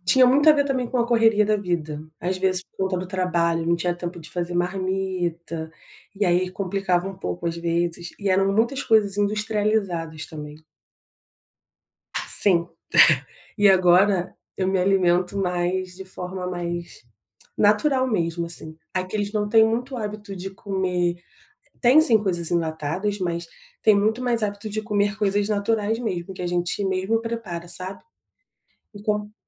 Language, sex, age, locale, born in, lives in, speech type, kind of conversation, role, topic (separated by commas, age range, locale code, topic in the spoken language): Portuguese, female, 30-34, pt-BR, Brazil, France, conversation, podcast, guest, Como a migração mudou a forma como você se alimenta?